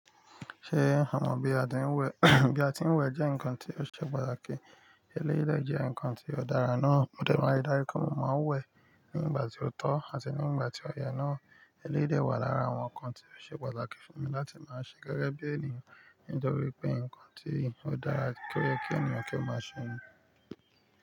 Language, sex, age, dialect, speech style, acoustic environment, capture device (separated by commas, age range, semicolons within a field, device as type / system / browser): Yoruba, male, 35-44, Nigeria - Nigerian Standard, focused, nature, mobile / Linux / Chrome